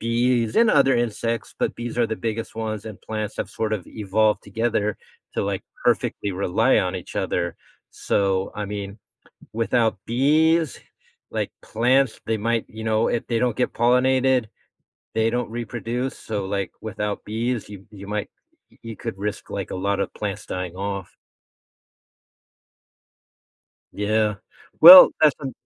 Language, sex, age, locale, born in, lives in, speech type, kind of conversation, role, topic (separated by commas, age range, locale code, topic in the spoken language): English, male, 50-54, en-US, United States, United States, conversation, podcast, guest, How do bees help nature?